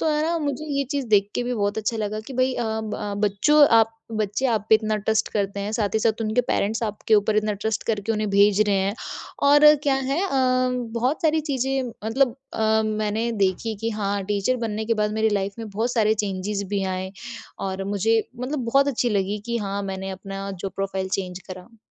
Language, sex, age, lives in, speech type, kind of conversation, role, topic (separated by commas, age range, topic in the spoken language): Hindi, female, 20-24, India, conversation, podcast, guest, Career change ke baad tumhari apni chhavi kaise badli?